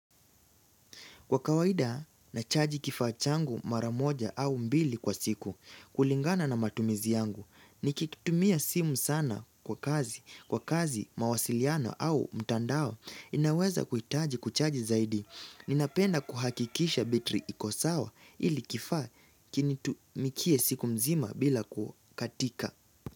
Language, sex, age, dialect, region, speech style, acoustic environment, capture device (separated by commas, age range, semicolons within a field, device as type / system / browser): Swahili, male, 18-24, Kenya - Nairobi Sheng-influenced, Kenya, focused; relaxed; excited, nature; silence, mobile / Linux / Chrome